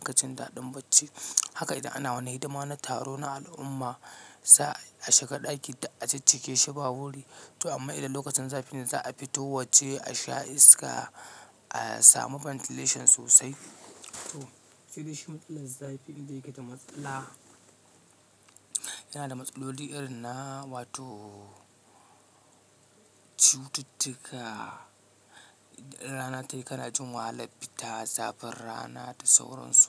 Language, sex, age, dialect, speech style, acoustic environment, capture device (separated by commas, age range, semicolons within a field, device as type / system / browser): Hausa, male, 25-34, Nigeria - Katsina, relaxed, silence, mobile / Linux / Chrome